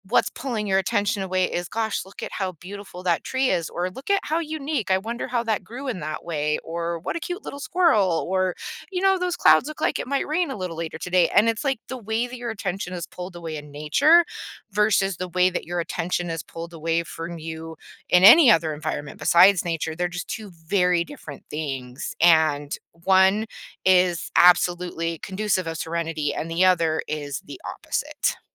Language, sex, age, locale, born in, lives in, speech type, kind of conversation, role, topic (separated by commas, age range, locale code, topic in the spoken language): English, female, 40-44, en-US, United States, United States, conversation, podcast, guest, How does spending time outdoors impact our overall wellbeing?